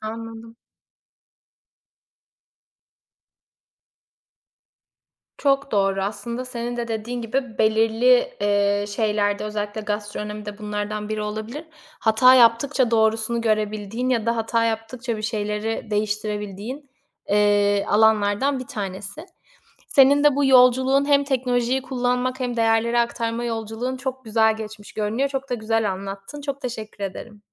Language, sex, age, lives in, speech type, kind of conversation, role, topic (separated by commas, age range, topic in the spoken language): Turkish, female, 25-29, Italy, conversation, podcast, host, Teknolojiyi kullanarak değerleri nasıl aktarırsın?